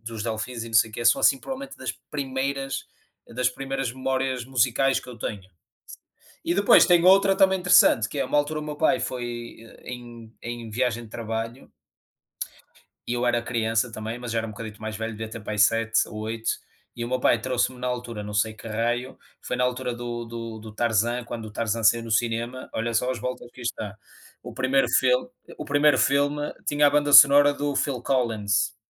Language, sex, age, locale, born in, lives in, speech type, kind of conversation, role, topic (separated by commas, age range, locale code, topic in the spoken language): Portuguese, male, 30-34, pt-PT, Portugal, Portugal, conversation, unstructured, none, Qual música te faz voltar a um momento especial?